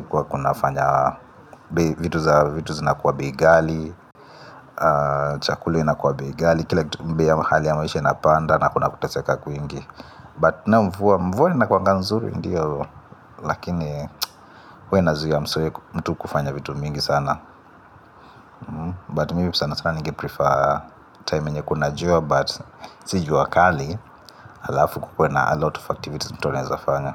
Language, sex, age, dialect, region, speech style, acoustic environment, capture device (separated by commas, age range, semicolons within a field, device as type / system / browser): Swahili, male, 25-34, Kenya - Nairobi Sheng-influenced, Kenya, focused, appliances, mobile / Linux / Chrome